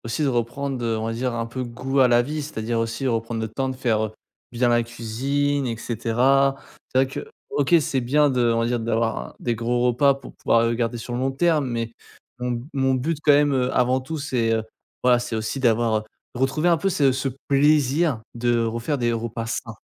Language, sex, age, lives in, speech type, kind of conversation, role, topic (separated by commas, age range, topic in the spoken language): French, male, 20-24, France, conversation, advice, user, Comment se passe ta routine alimentaire quand tu sautes des repas et que tu manges à des horaires irréguliers ?